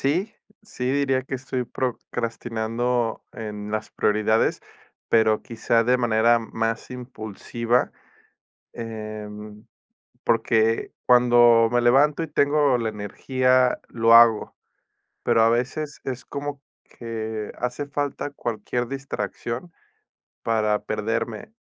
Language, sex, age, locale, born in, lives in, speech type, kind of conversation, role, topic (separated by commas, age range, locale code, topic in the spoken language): Spanish, male, 30-34, es-MX, Mexico, Mexico, conversation, advice, user, ¿Cómo puedo reemplazar mis impulsos automáticos por acciones conscientes?